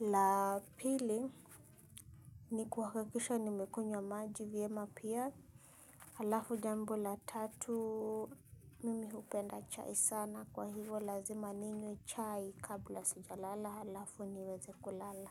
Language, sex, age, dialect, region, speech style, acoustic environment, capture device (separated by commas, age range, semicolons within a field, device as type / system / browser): Swahili, female, 25-34, Kenya - Nairobi Sheng-influenced, Kenya, happy, silence, mobile / Linux / Chrome